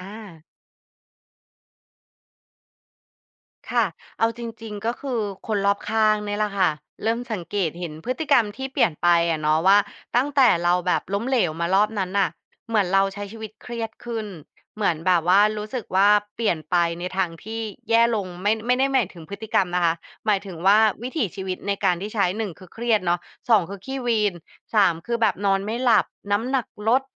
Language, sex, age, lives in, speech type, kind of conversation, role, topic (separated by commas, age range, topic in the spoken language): Thai, female, 35-39, Thailand, conversation, podcast, guest, คุณมองว่าการให้อภัยตัวเองคืออะไร และคุณทำอย่างไรถึงจะให้อภัยตัวเองได้?